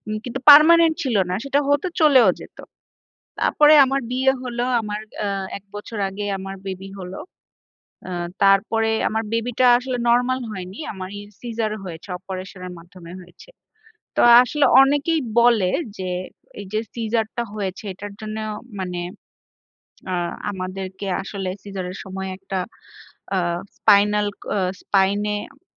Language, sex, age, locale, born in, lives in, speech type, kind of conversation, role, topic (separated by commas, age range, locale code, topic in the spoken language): Bengali, female, 30-34, bn-BD, Bangladesh, Bangladesh, conversation, advice, user, একটি স্বাস্থ্যসংকট কীভাবে আপনাকে জীবনের প্রাধান্য ও অর্থ নতুন করে ভাবতে বাধ্য করেছে?